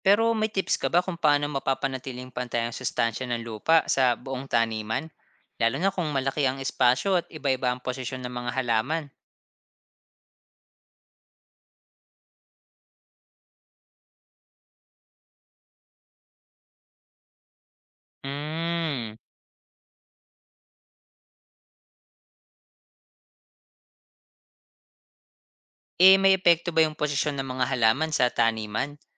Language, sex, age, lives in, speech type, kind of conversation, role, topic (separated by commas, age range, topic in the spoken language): Filipino, male, 30-34, Philippines, read, expert-assistant, asker, Sa pagtatanim, mas epektibo ba ang natural na abono kaysa sa kemikal na pataba para sa kalikasan?